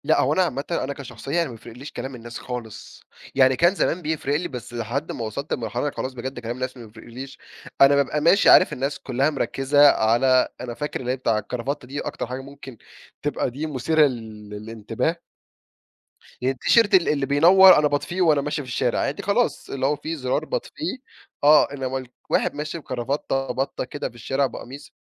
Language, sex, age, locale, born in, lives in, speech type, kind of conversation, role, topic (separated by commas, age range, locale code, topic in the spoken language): Arabic, male, 20-24, ar-EG, Egypt, Egypt, conversation, podcast, guest, إيه أكتر حاجة بتحب تلبسها لما تحب تحس بثقة؟